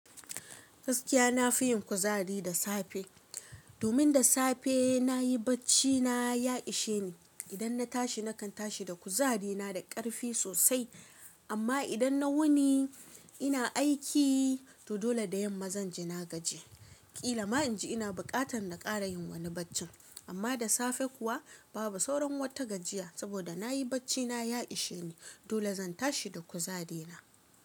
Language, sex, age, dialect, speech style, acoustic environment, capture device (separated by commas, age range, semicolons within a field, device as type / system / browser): Hausa, female, 25-34, Nigeria - Kano, relaxed; focused, nature; silence, mobile / Linux / Chrome